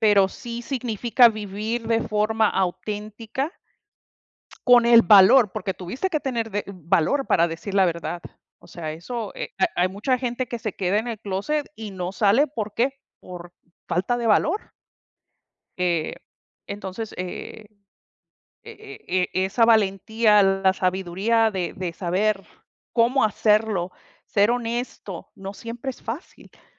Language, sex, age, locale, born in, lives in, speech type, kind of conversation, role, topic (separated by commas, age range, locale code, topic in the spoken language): Spanish, female, 45-49, es-MX, United States, United States, conversation, unstructured, none, ¿Qué significa para ti ser una persona honesta?